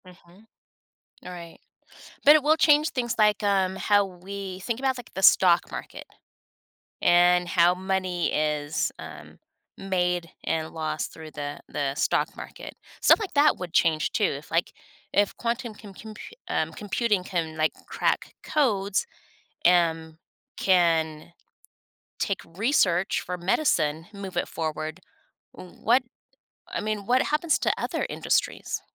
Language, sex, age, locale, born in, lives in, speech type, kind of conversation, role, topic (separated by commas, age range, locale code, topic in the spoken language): English, female, 50-54, en-US, United States, United States, conversation, podcast, host, How do you think emerging technologies will shape our daily lives in the coming years?